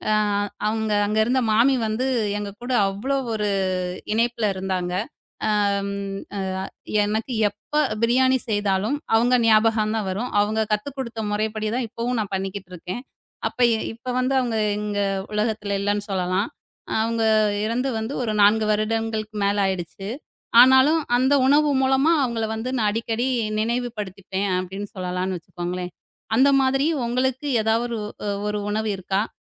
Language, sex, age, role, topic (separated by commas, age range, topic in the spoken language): Tamil, female, 35-39, host, அந்த உணவைச் சமைக்கும் போது உங்களுக்கு ஏதாவது நினைவுகள் வருமா?